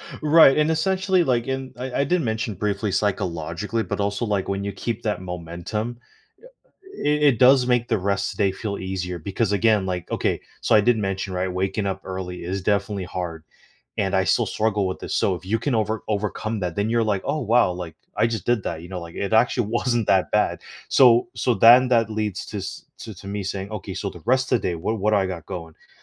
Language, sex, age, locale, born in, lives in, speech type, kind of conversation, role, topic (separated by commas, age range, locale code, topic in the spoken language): English, male, 35-39, en-US, United States, United States, conversation, podcast, guest, How can adopting a new habit lead to meaningful changes in your life?